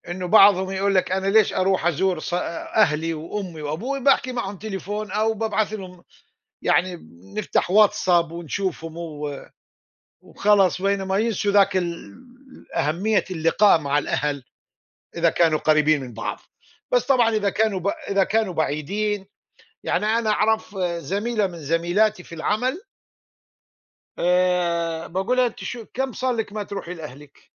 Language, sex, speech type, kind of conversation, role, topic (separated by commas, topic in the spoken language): Arabic, male, conversation, unstructured, none, هل إنت شايف إن التكنولوجيا بتقرّب العيلة من بعض ولا بتبعّدها؟